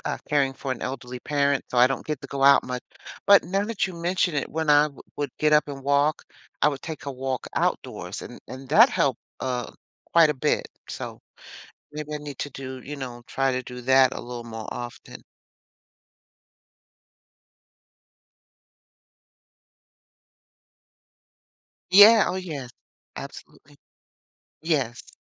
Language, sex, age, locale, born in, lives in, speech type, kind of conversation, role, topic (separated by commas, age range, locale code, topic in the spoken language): English, female, 60-64, en-US, United States, United States, conversation, advice, user, How can I stay on track and complete a major project at work on time?